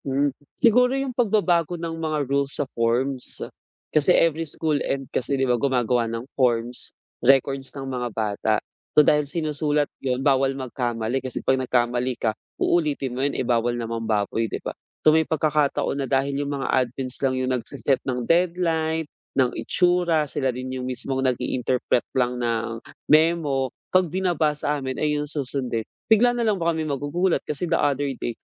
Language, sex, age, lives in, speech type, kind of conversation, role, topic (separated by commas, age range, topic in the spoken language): Filipino, male, 25-29, Philippines, conversation, advice, user, Paano ako makakabuo ng suporta at alyansa sa trabaho?